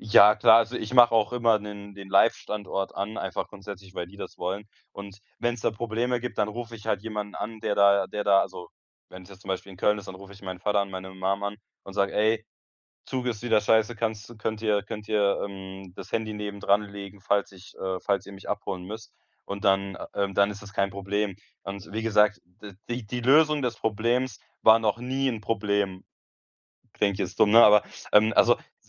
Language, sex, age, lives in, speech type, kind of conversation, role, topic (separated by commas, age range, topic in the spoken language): German, male, 18-19, Germany, conversation, advice, user, Wie kann ich unterwegs besser mit Reiseangst und Stress umgehen?